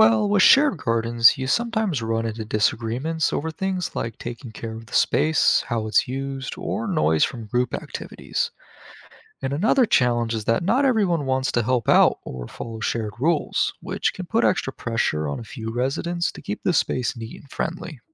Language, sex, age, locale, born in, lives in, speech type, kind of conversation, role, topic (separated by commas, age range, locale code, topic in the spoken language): English, male, 20-24, en-US, United States, United States, read, expert-assistant, expert, How does urban living impact mental health?